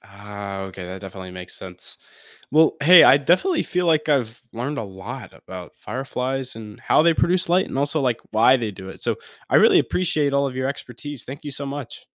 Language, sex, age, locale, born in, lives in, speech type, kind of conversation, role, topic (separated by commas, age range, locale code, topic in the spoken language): English, male, 18-19, en-US, United States, United States, read, expert-assistant, asker, How do fireflies produce light?